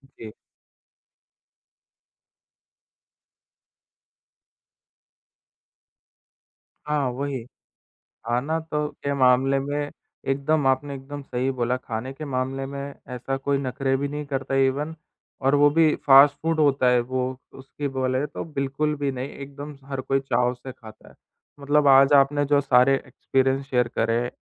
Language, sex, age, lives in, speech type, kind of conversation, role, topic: Hindi, male, 20-24, India, conversation, podcast, host, Saanjha khana saath khane se logon mein apnapan kaise badhta hai?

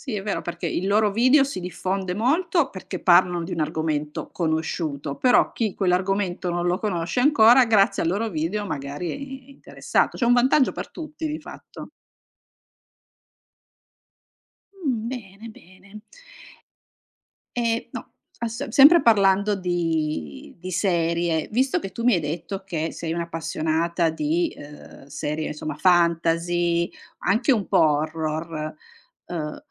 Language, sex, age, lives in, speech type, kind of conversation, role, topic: Italian, female, 45-49, Italy, conversation, podcast, host, Che impatto hanno i social sulla popolarità delle serie TV?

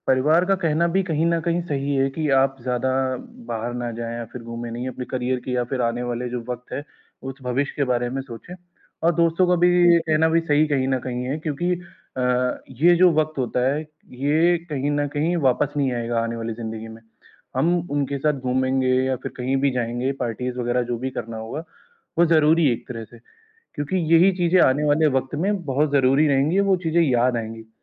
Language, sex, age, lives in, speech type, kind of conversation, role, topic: Hindi, male, 20-24, India, conversation, advice, advisor, मैं दोस्तों के साथ पार्टी और त्योहारों में सामाजिक दबाव और अपेक्षाओं को कैसे संभालूँ?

